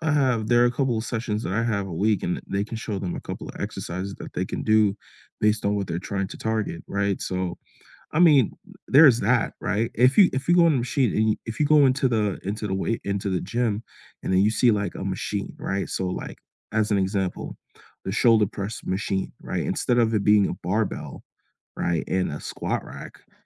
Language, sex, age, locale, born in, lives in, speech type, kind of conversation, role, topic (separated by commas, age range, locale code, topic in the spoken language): English, male, 25-29, en-US, United States, United States, conversation, unstructured, none, Why do some gyms feel unwelcoming to beginners?